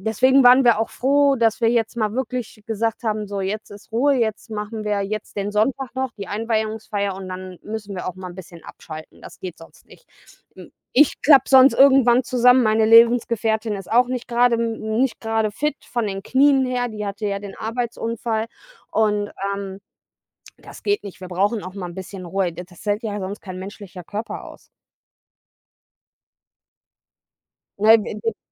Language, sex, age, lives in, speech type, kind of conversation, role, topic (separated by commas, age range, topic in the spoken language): German, female, 30-34, Germany, conversation, unstructured, none, Was macht für dich einen perfekten Sonntag aus?